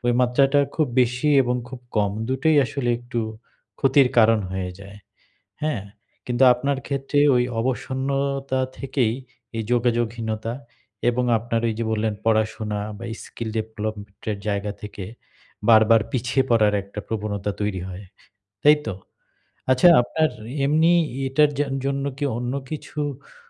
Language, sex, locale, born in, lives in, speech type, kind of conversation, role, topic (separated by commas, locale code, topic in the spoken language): Bengali, male, bn-BD, Bangladesh, Bangladesh, conversation, advice, advisor, অবসন্নতা কমিয়ে কীভাবে কাজের ধারাবাহিকতা বজায় রাখতে পারি?